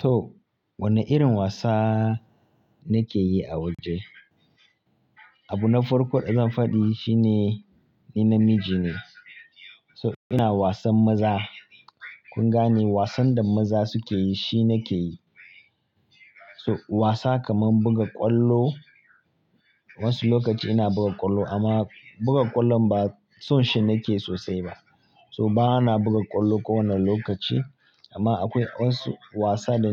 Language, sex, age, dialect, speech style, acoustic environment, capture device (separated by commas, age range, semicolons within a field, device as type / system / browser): Hausa, male, 25-34, Nigeria - Kano, happy, people talking, mobile / Linux / Chrome